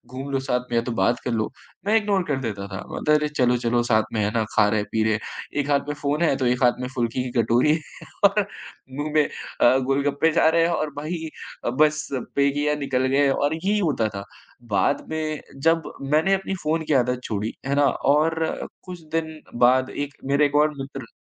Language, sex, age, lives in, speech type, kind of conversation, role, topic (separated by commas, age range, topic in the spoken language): Hindi, male, 20-24, India, conversation, podcast, guest, बिना फोन के पार्क में समय बिताने का अनुभव कैसा होता है?